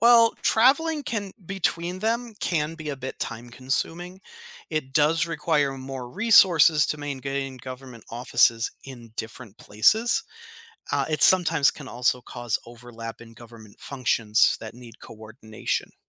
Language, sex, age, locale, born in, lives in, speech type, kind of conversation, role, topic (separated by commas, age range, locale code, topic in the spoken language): English, male, 35-39, en-US, United States, United States, read, expert-assistant, expert, What is the capital of South Africa?